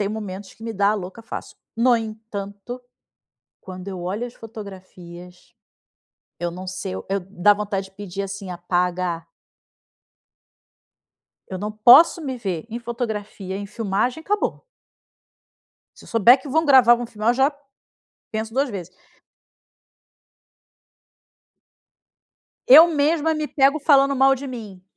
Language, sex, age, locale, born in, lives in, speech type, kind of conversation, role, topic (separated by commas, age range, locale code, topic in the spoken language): Portuguese, female, 40-44, pt-BR, Brazil, Spain, conversation, advice, user, Como a insegurança com a sua aparência está afetando a sua vida social?